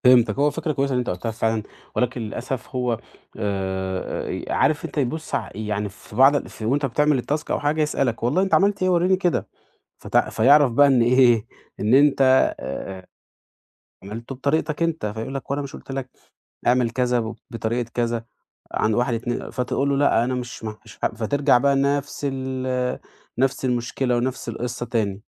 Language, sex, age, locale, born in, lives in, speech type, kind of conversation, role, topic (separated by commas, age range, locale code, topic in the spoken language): Arabic, male, 30-34, ar-EG, Egypt, Portugal, conversation, advice, user, إزاي أحط حدود مع مديري من غير ما عبء الشغل يزيد؟